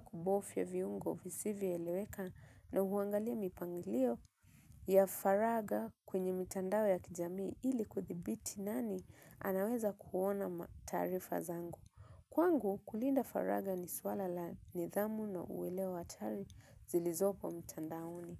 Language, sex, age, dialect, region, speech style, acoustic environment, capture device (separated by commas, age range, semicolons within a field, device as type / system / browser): Swahili, female, 25-34, Kenya - Mombasa, Kenya, relaxed, nature, mobile / Linux / Chrome